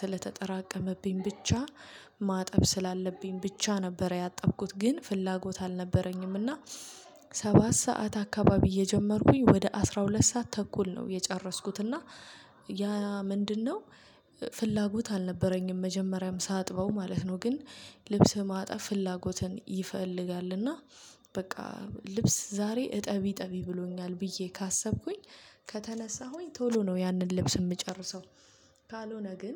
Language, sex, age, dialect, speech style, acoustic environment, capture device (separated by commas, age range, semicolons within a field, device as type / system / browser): Amharic, female, 25-34, Ethiopia - Addis Ababa, happy, traffic, mobile / Linux / Chrome